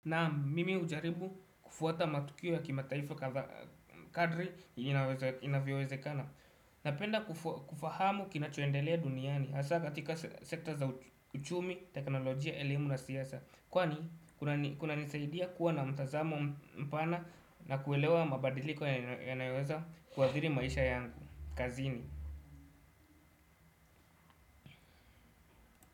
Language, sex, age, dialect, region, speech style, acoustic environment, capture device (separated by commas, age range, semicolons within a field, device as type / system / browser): Swahili, male, 18-24, Kenya - Nairobi Sheng-influenced, Kenya, happy; anxious; relaxed; nervous; excited; focused, people talking; music; traffic; construction; appliances, desktop / Windows / Chrome